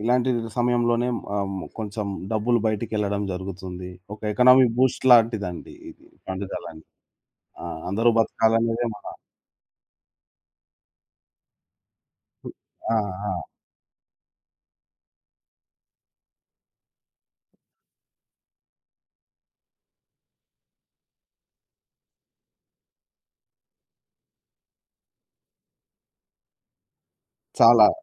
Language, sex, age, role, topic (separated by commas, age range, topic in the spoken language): Telugu, male, 25-29, guest, పండుగలను మీరు ఇంట్లో ఎలా జరుపుకుంటారు?